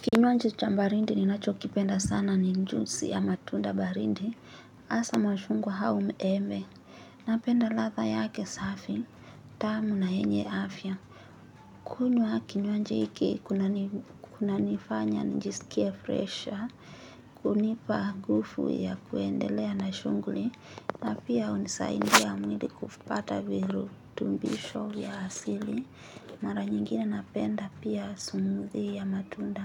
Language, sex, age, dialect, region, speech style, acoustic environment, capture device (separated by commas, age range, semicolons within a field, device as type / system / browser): Swahili, female, 18-24, Kenya - Nairobi Sheng-influenced, Kenya, tired, appliances; nature, mobile / Linux / Chrome